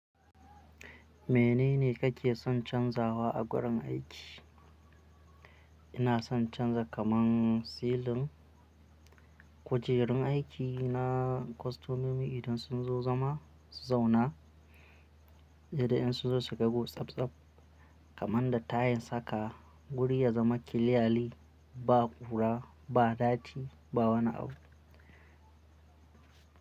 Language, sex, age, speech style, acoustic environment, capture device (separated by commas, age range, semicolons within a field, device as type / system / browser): Hausa, male, 25-34, happy, music; people talking, mobile / Linux / Chrome